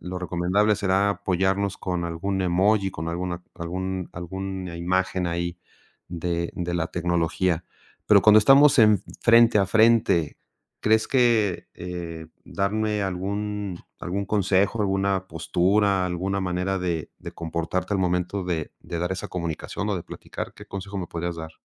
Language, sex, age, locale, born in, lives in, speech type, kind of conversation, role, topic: Spanish, male, 50-54, es-MX, Mexico, Mexico, conversation, podcast, host, ¿Cómo afecta el tono al comunicarnos?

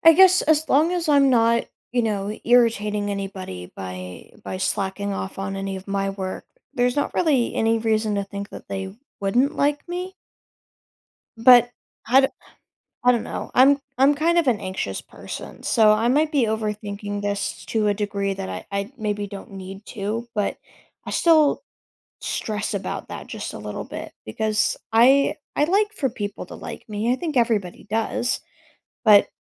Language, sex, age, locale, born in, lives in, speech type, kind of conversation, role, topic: English, other, 20-24, en-US, United States, United States, conversation, advice, user, How can I fit in at my new job?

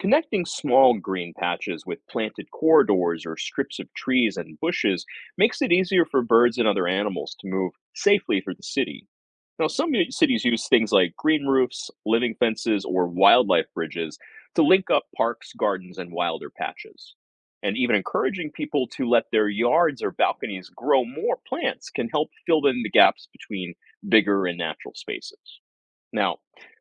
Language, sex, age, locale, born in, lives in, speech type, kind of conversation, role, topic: English, male, 40-44, en-US, United States, United States, read, expert-assistant, expert, How does urbanization change the natural landscape?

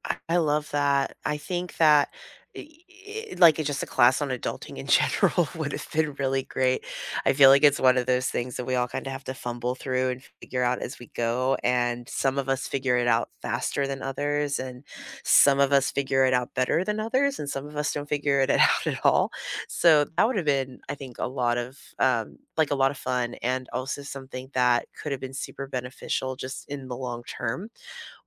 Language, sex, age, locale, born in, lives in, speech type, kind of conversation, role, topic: English, female, 35-39, en-US, United States, United States, conversation, unstructured, none, What class do you wish had existed when you were younger?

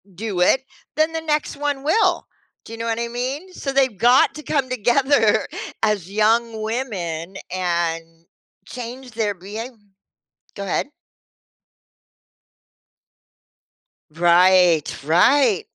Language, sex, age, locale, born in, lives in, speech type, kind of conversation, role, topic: English, female, 60-64, en-US, United States, United States, conversation, podcast, guest, How does spending time on social media influence the way we view ourselves and others?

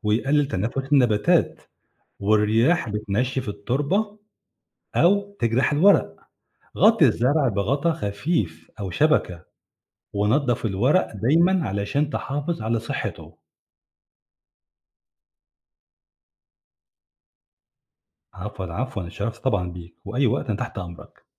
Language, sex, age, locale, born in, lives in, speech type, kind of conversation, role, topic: Arabic, male, 45-49, ar-EG, Egypt, Egypt, read, expert-assistant, expert, ليه النباتات اللي عندي في البلكونة بتدبل بسرعة وبتبطل تنمو؟ إيه الأسباب البيئية اللي ممكن تكون بتأثر عليها؟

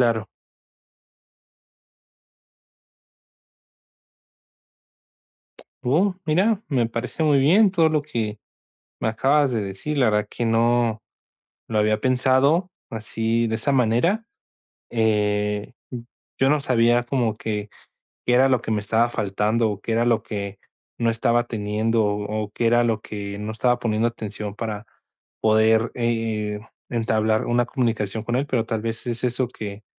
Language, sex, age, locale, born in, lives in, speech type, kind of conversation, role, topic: Spanish, male, 20-24, es-MX, Mexico, Mexico, conversation, advice, user, ¿Cómo puedo mejorar la comunicación con mis hermanos?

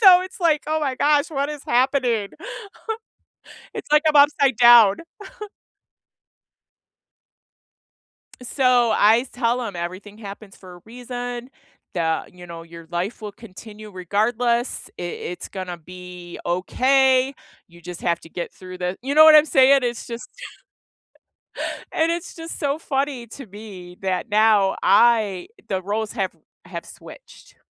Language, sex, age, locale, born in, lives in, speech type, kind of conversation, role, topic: English, female, 55-59, en-US, United States, United States, conversation, unstructured, none, How do you balance rest, work, and play while staying connected?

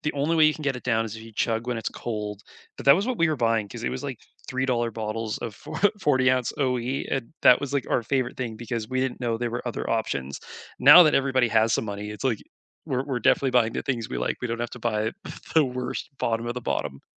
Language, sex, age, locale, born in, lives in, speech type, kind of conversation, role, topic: English, male, 25-29, en-CA, Canada, Canada, conversation, unstructured, none, Which childhood cartoon or game still makes you smile?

